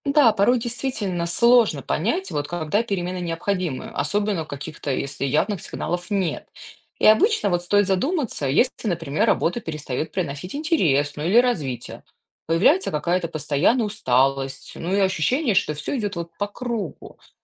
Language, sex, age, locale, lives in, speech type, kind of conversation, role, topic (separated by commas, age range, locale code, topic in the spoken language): Russian, female, 35-39, ru-RU, United States, read, expert-assistant, expert, Как найти своё профессиональное направление в быстро меняющемся мире?